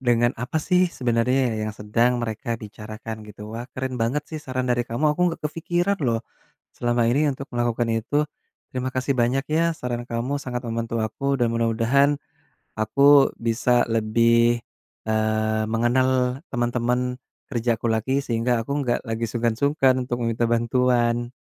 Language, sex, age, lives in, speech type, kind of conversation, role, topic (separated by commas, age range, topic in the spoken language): Indonesian, male, 30-34, Indonesia, conversation, advice, user, Bagaimana pengalaman Anda menghadapi tekanan untuk tidak menunjukkan kelemahan emosional atau meminta bantuan?